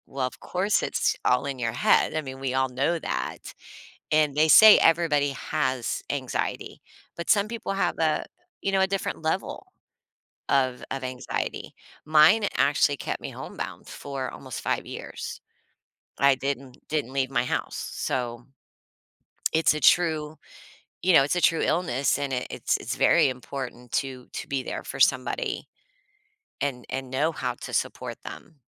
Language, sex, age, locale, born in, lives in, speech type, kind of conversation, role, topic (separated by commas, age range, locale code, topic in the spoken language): English, female, 45-49, en-US, United States, United States, conversation, podcast, guest, What are thoughtful ways to be there for a friend facing mental health challenges?